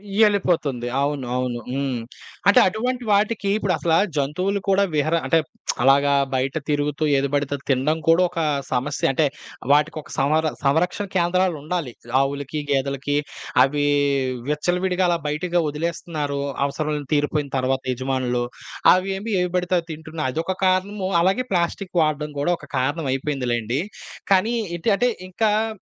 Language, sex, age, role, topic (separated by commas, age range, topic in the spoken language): Telugu, male, 25-29, host, ప్లాస్టిక్ వినియోగాన్ని ఎలా తగ్గించవచ్చు?